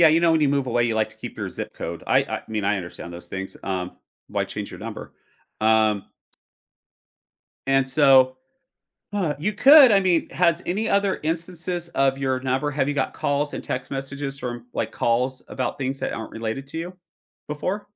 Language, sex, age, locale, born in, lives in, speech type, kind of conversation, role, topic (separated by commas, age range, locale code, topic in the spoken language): English, male, 45-49, en-US, United States, United States, conversation, advice, advisor, How should I handle accidentally sending a private text to the wrong person?